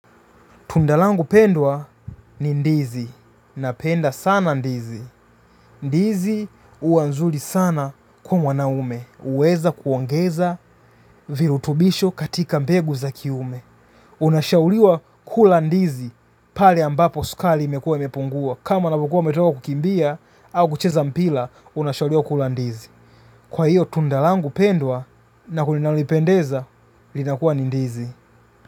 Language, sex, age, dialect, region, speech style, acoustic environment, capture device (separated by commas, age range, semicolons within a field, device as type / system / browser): Swahili, male, 25-34, Tanzania - Dar es Salaam, Tanzania, relaxed, silence, mobile / Linux / Chrome